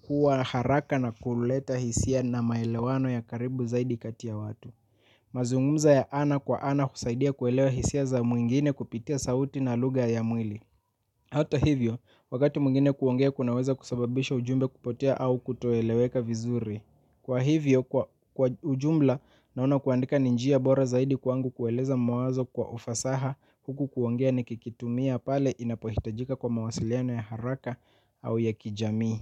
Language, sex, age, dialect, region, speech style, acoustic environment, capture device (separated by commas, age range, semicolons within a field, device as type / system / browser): Swahili, male, 25-34, Kenya - Mombasa, Kenya, happy, nature; silence, mobile / Linux / Chrome